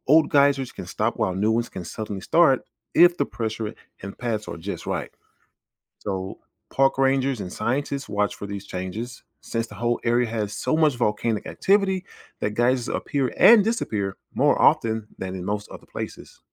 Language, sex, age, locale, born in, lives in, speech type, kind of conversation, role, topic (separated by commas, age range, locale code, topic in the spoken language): English, male, 40-44, en-US, United States, United States, read, expert-assistant, expert, What makes a geyser shoot water into the air?